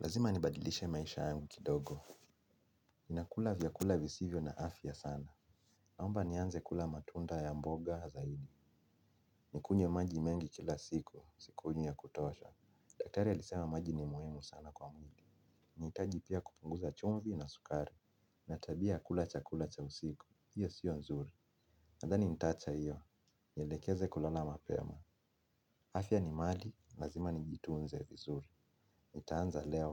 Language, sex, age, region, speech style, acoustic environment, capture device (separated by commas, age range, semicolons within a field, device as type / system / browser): Swahili, male, 25-34, Kenya, relaxed, silence, mobile / Linux / Chrome